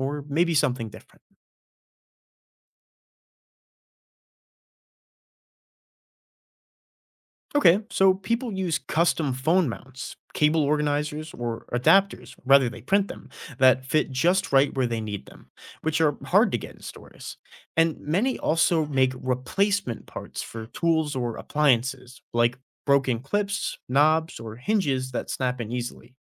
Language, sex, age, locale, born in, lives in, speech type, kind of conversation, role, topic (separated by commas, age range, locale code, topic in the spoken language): English, male, 20-24, en-US, United States, United States, read, expert-assistant, expert, How does a 3D printer create objects from plastic?